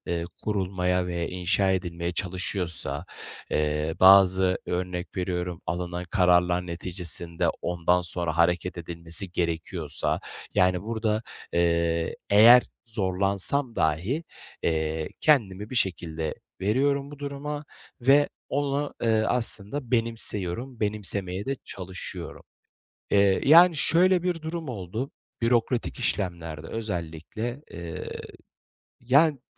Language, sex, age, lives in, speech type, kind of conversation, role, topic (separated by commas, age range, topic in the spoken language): Turkish, male, 25-29, Bulgaria, conversation, advice, user, Değişime nasıl daha açık olabilirim ve yeni şeyleri denerken kendimi nasıl motive edebilirim?